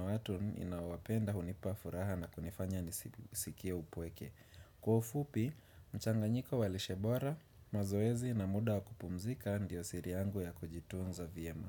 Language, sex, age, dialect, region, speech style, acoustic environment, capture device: Swahili, male, 25-34, Kenya - Nairobi Sheng-influenced, Kenya, relaxed, people talking; traffic; nature, desktop / Windows / Chrome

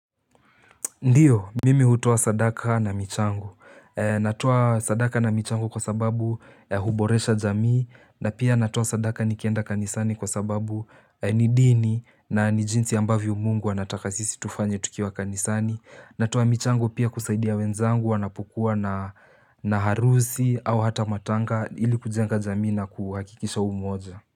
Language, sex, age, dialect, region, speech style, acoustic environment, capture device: Swahili, male, 18-24, Kenya - Nairobi Sheng-influenced, Kenya, relaxed, silence, mobile / Linux / Chrome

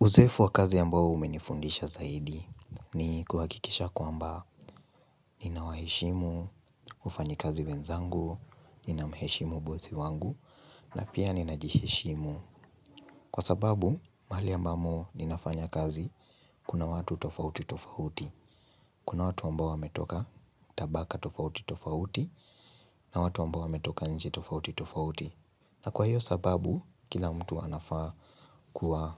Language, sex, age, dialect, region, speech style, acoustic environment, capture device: Swahili, male, 18-24, Kenya - Nairobi Sheng-influenced, Kenya, happy, silence, mobile / Linux / Chrome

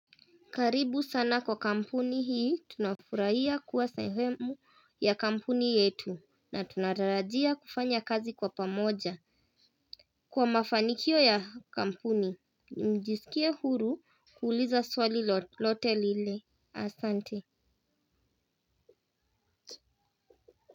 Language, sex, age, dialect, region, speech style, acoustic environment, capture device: Swahili, male, 18-24, Kenya - Nairobi Sheng-influenced, Kenya, happy, people talking, mobile / Linux / Chrome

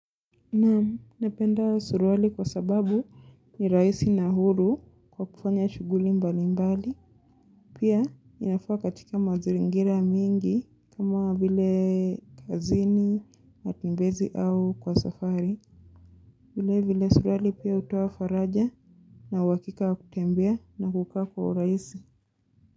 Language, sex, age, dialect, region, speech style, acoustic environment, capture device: Swahili, female, 25-34, Kenya - Mombasa, Kenya, excited, nature, mobile / Linux / Chrome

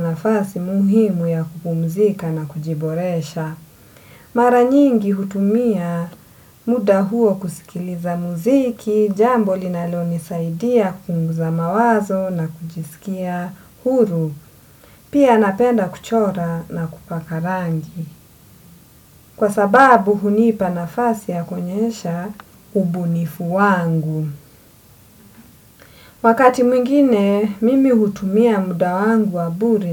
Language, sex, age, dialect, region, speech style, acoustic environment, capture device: Swahili, female, 25-34, Kenya - Mombasa, Kenya, relaxed, nature, desktop / Windows / Edge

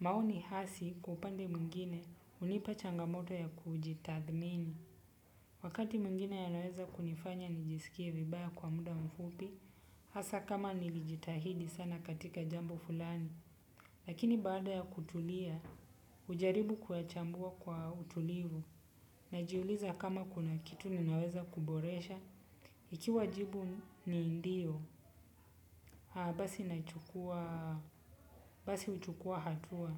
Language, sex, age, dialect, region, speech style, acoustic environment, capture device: Swahili, male, 25-34, Kenya - Nairobi Sheng-influenced, Kenya, relaxed, nature, desktop / Windows / Chrome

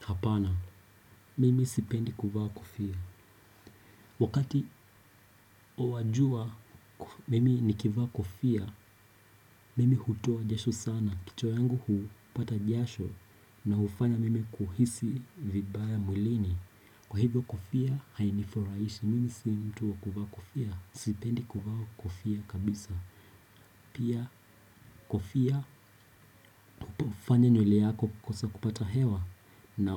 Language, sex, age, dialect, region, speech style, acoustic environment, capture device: Swahili, male, 18-24, Kenya - Nairobi Sheng-influenced, Kenya, relaxed; anxious, appliances; people talking; music, desktop / Windows / Chrome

